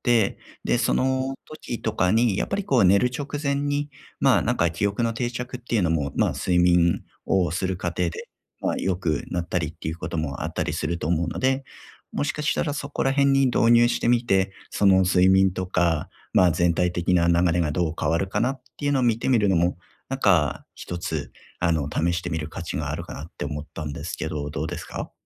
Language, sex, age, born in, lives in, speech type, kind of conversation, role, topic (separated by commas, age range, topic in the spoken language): Japanese, male, 35-39, Japan, Japan, conversation, advice, advisor, 外的な要因で習慣が崩れたとき、どう対処すればよいですか？